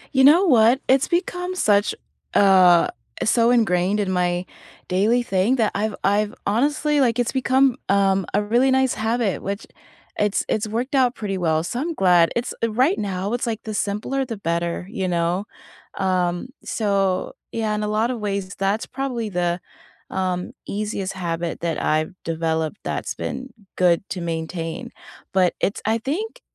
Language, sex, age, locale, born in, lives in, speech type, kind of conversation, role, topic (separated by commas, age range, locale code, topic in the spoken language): English, female, 30-34, en-US, United States, United States, conversation, unstructured, none, What is one simple habit that improved your life this year?